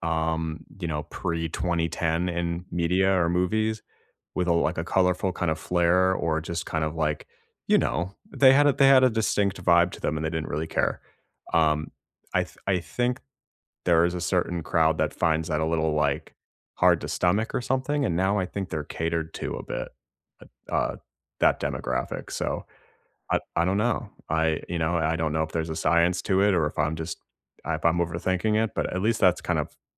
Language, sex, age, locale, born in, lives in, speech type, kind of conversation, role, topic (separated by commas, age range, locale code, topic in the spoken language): English, male, 30-34, en-US, United States, United States, conversation, unstructured, none, Which songs and movies defined your teenage years, and what memories and connections do they hold?